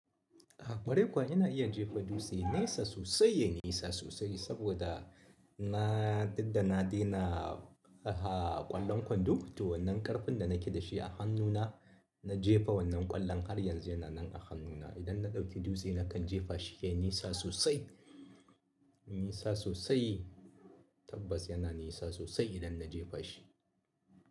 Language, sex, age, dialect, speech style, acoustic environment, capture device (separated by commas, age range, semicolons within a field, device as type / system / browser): Hausa, male, 35-44, Nigeria - Kano, happy, people talking, mobile / Linux / Chrome